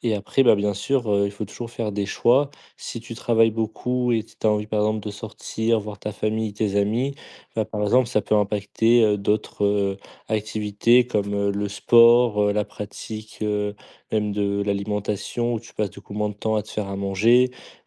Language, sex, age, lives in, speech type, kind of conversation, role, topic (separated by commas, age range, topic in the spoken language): French, male, 20-24, France, conversation, podcast, guest, Comment trouves-tu l’équilibre entre le travail et ta vie personnelle au quotidien ?